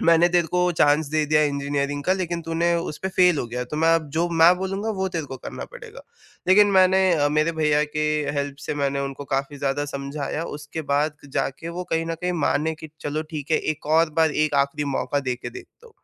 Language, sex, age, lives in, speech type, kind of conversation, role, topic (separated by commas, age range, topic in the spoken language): Hindi, male, 18-19, India, conversation, podcast, guest, Failure se aapne kya seekha, aur aapne kaise bounce back kiya?